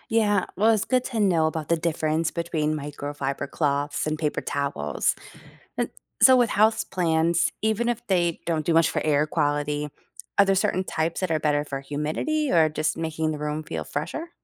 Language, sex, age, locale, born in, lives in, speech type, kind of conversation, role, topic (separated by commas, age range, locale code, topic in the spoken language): English, female, 40-44, en-US, United States, United States, read, expert-assistant, asker, Do houseplants really improve indoor air quality?